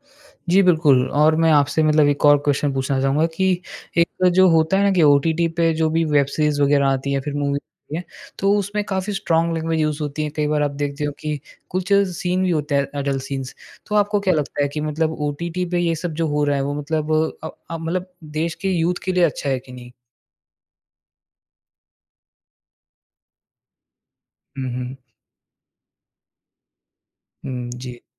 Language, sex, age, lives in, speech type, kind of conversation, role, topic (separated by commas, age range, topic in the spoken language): Hindi, male, 20-24, India, conversation, podcast, host, Kya streaming ne cinema ka maza kam kiya hai ya badhaya hai, tum kya kehte ho?